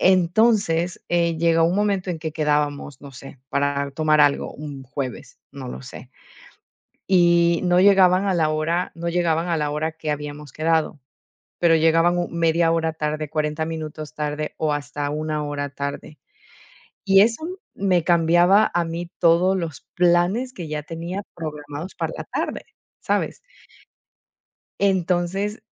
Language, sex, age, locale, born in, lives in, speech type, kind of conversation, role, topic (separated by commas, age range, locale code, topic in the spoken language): Spanish, female, 40-44, es-EC, Ecuador, Netherlands, conversation, podcast, guest, ¿Cómo equilibras el trabajo, la familia y el cuidado personal?